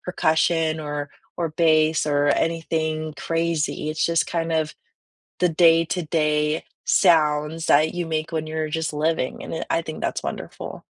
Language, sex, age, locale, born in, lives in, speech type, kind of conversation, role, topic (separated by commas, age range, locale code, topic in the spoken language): English, female, 20-24, en-US, United States, United States, conversation, unstructured, none, When you unplug for an hour, what offline ritual makes you feel most grounded, and why?